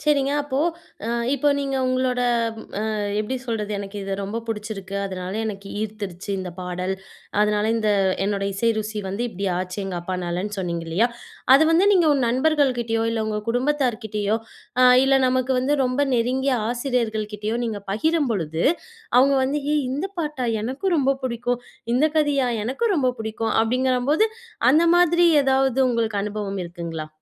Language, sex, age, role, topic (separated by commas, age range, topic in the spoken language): Tamil, female, 25-29, host, உங்கள் இசை ருசியை உருவாக்கிய முக்கிய நினைவுகள் என்ன?